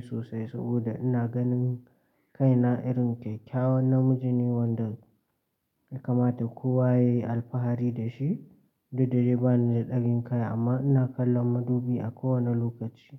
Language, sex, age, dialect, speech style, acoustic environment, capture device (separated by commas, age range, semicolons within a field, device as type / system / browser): Hausa, male, 18-24, Nigeria - Katsina, relaxed; excited, silence, mobile / Linux / Chrome